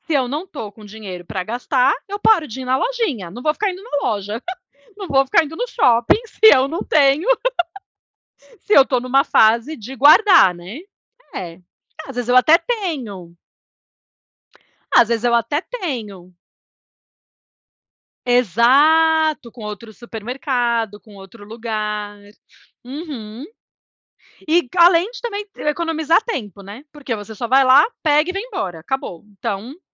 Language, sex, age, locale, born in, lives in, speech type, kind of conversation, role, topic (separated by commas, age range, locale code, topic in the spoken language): Portuguese, female, 40-44, pt-BR, Brazil, United States, conversation, unstructured, none, Como você se sente quando consegue guardar dinheiro?